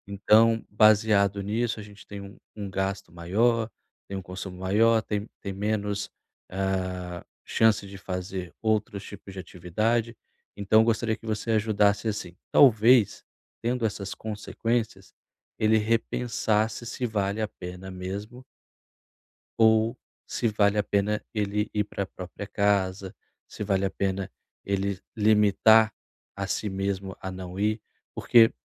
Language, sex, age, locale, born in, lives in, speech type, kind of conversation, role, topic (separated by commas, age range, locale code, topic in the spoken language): Portuguese, male, 30-34, pt-BR, Brazil, Portugal, conversation, advice, advisor, Como posso começar a estabelecer limites com familiares próximos sem brigar?